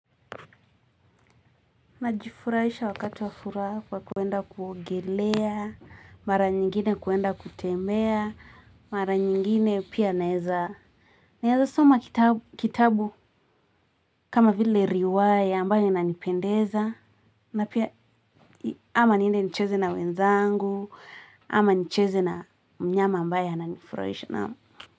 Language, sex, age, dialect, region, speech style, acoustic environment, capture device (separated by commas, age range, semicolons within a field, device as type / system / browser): Swahili, female, 18-24, Kenya - Nairobi Sheng-influenced, Kenya, nervous, people talking; music; nature; traffic, mobile / Linux / Chrome